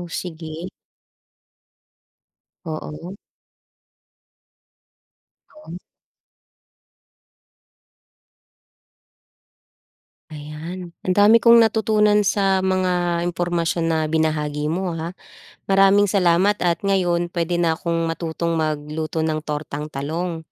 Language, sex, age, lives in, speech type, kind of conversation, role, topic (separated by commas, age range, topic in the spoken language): Filipino, female, 30-34, Philippines, read, expert-assistant, asker, Paano magluto ng tortang talong?